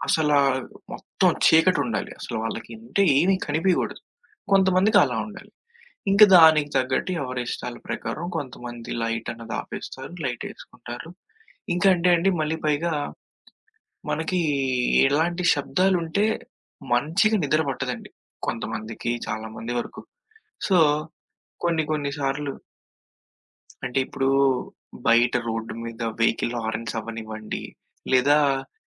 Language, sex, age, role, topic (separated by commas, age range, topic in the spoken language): Telugu, male, 20-24, guest, రాత్రిపూట బాగా నిద్రపోవడానికి ఇంట్లో ఏ వస్తువులు ఎక్కువగా సహాయపడతాయని మీరు అనుకుంటారు?